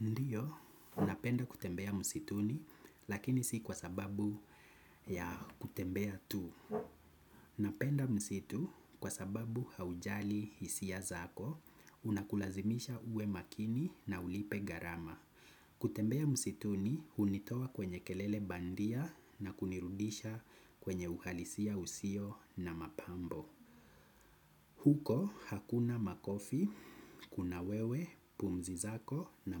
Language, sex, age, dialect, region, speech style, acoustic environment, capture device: Swahili, male, 25-34, Kenya - Nairobi Sheng-influenced, Kenya, anxious, nature, desktop / Windows / Chrome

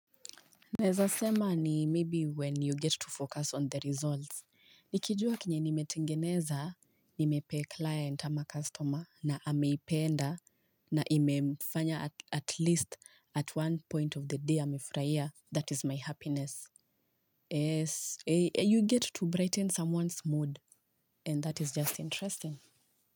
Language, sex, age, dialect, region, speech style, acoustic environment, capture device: Swahili, female, 25-34, Kenya - Nairobi Sheng-influenced, Kenya, focused, silence, mobile / Linux / Chrome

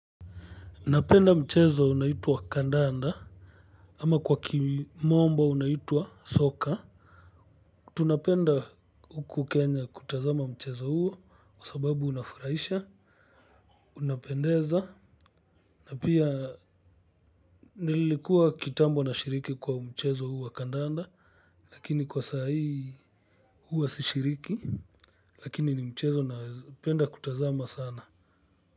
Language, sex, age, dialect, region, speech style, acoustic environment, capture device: Swahili, male, 25-34, Kenya - Kitui, Kenya, happy, traffic, mobile / Linux / Chrome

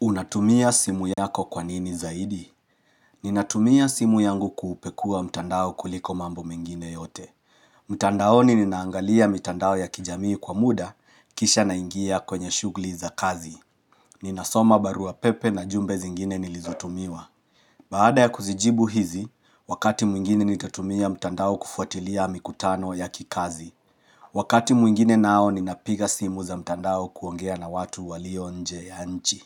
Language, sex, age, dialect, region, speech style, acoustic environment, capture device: Swahili, male, 35-44, Kenya - Nairobi Sheng-influenced, Kenya, happy; relaxed; focused, nature; silence; appliances, mobile / Linux / Chrome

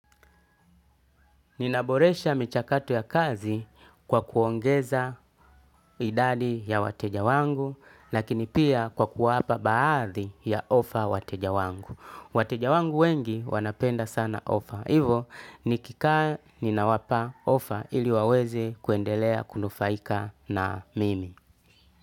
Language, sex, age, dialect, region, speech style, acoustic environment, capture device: Swahili, male, 35-44, Tanzania - Dar es Salaam, Tanzania, tired, silence, desktop / Linux / Chrome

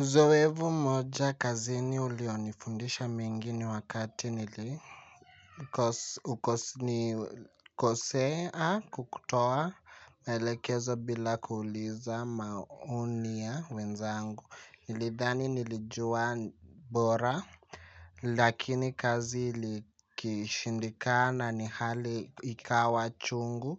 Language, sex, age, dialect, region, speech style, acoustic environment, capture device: Swahili, male, 18-24, Kenya - Nairobi Sheng-influenced, Kenya, happy; nervous; excited, people talking; music; silence; appliances, mobile / Linux / Chrome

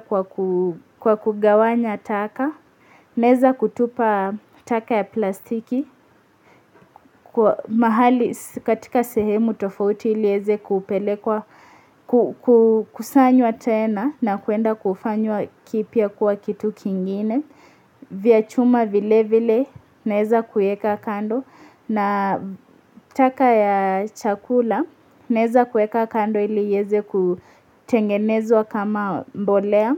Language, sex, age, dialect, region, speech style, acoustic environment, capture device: Swahili, female, 18-24, Kenya - Mombasa, Kenya, relaxed, silence, mobile / Linux / Chrome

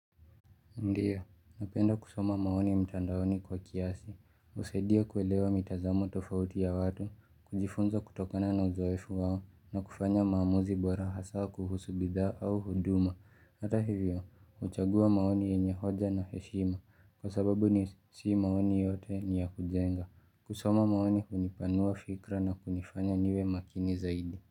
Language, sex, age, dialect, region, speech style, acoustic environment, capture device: Swahili, male, 18-24, Kenya - Nairobi Sheng-influenced, Kenya, relaxed, silence, mobile / Linux / Chrome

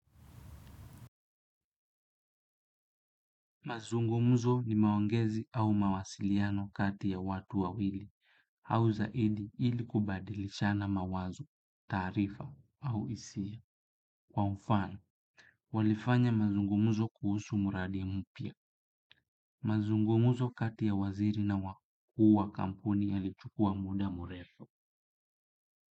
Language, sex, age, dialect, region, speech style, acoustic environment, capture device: Swahili, male, 25-34, Kenya - Kitui, Kenya, happy; relaxed, silence, desktop / Windows / Chrome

